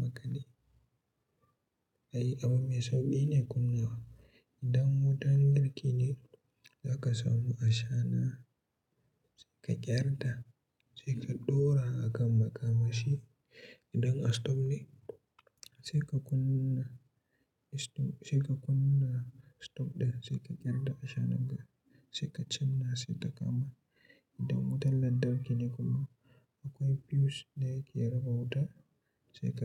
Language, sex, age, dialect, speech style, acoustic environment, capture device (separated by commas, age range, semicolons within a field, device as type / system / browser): Hausa, male, 18-24, Nigeria - Katsina, happy, silence; nature, mobile / Linux / Chrome